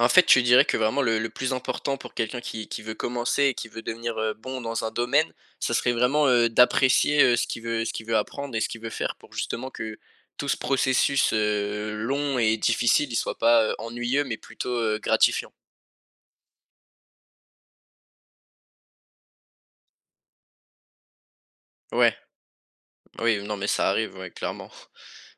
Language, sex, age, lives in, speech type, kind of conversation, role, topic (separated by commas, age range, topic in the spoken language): French, male, 18-19, France, conversation, podcast, host, Quel conseil donnerais-tu à quelqu’un qui veut créer, mais qui hésite ?